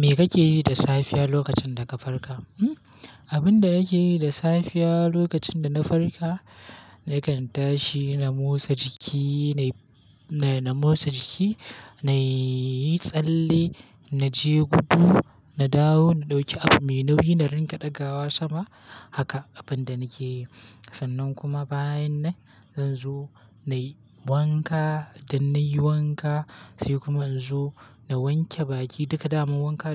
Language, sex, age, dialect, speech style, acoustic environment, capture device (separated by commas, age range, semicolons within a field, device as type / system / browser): Hausa, male, 18-24, Nigeria - Kano, happy, silence; nature, mobile / Linux / Chrome